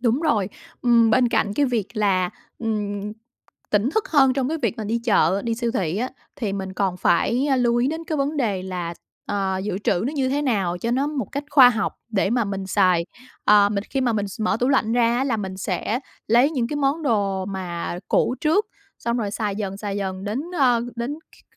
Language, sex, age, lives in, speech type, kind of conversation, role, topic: Vietnamese, female, 35-39, Vietnam, conversation, podcast, guest, Bạn làm thế nào để giảm lãng phí thức ăn mỗi tuần?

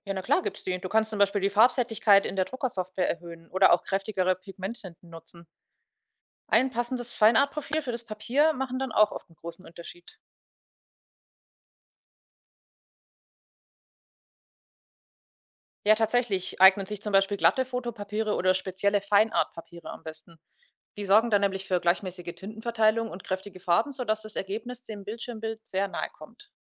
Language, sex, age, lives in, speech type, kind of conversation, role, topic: German, female, 30-34, Germany, read, expert-assistant, expert, Woran kann es liegen, dass Farben in digitalen Bildern am Bildschirm anders aussehen als beim Drucken?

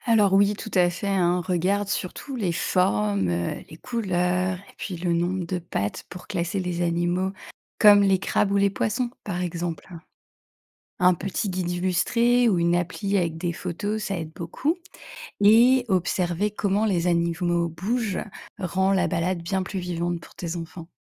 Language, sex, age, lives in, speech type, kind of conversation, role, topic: French, female, 35-39, France, read, expert-assistant, expert, Comment explorer et reconnaître facilement les animaux marins en famille ?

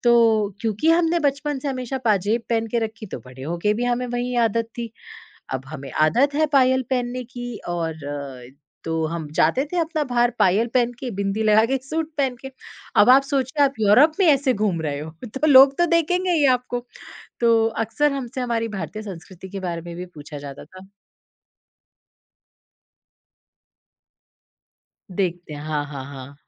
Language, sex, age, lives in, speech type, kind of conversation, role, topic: Hindi, female, 30-34, India, conversation, podcast, guest, क्या विदेश में कभी किसी यात्री ने आपको अपने घर बुलाया है?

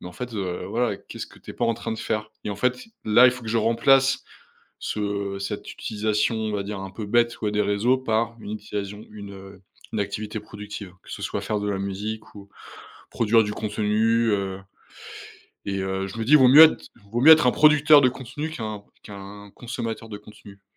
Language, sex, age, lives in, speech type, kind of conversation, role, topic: French, male, 30-34, France, conversation, podcast, guest, Comment prends-tu soin de ta santé mentale face aux réseaux sociaux ?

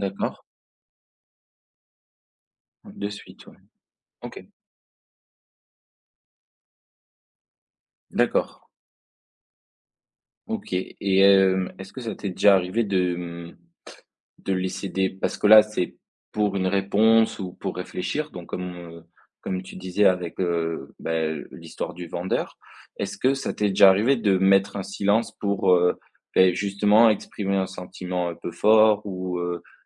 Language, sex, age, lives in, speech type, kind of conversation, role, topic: French, male, 20-24, France, conversation, podcast, host, Quelle place faut-il donner au silence pour bien écouter ?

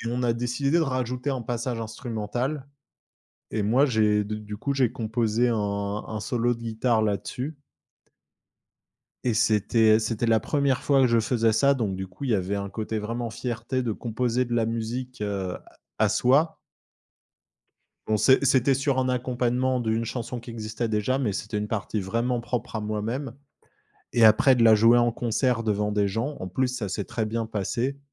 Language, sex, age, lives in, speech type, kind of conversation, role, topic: French, male, 25-29, France, conversation, podcast, guest, Peux-tu raconter la première fois que tu as montré ton travail en public ?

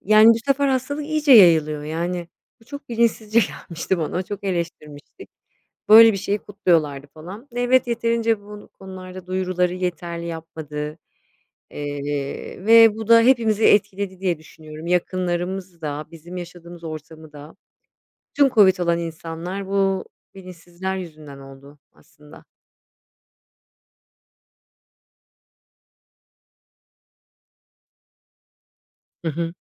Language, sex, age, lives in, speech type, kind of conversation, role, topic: Turkish, female, 40-44, Spain, conversation, unstructured, none, Pandemilerle mücadelede devletin rolü ne olmalı?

